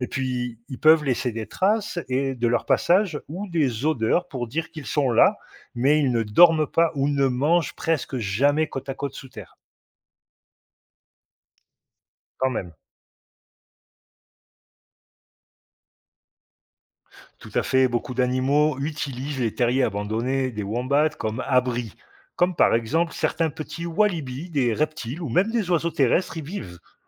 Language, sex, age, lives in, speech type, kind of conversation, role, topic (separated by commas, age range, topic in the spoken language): French, male, 50-54, Portugal, read, expert-assistant, expert, Pourquoi les koalas dorment-ils autant ?